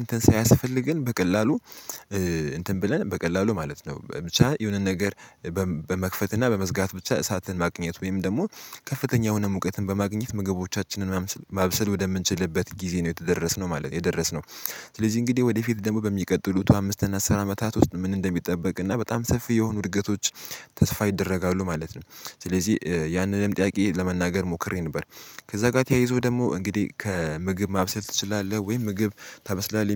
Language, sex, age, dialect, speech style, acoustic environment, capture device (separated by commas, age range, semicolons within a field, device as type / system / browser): Amharic, male, 18-24, Ethiopia - Addis Ababa, relaxed; excited, silence, mobile / Linux / Chrome